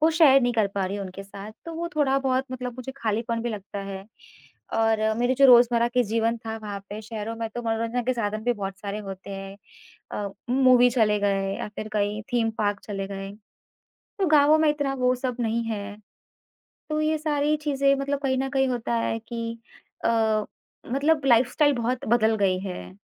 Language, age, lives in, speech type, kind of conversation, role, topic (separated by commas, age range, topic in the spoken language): Hindi, 25-29, India, conversation, advice, user, आप बुजुर्ग माता-पिता की देखभाल के लिए अपने जीवन में बदलाव करने का निर्णय कैसे लें?